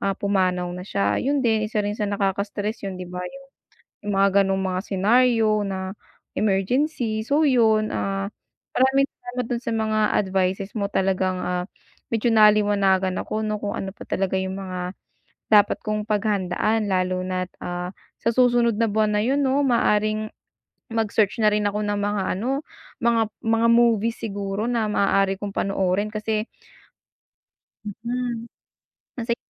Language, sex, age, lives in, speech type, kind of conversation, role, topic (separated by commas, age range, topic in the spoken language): Filipino, female, 25-29, United States, conversation, advice, user, Paano ko mababawasan ang stress at pagod habang naglalakbay?